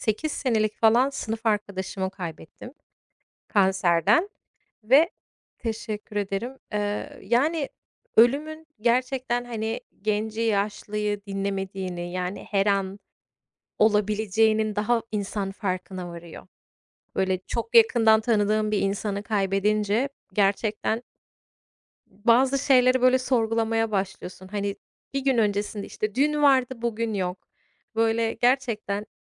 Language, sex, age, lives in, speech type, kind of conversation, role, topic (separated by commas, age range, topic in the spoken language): Turkish, female, 35-39, United States, conversation, unstructured, none, Ölümle yüzleşmek hayatını nasıl etkiliyor ve kaybettiğin birini anmak senin için ne ifade ediyor?